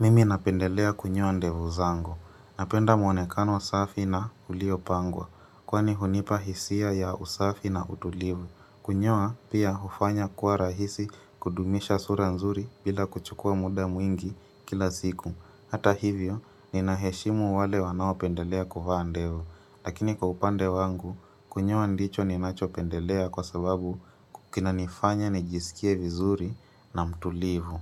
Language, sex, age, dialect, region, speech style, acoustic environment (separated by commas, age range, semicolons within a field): Swahili, female, 25-34, Kenya - Mombasa, Kenya, anxious, silence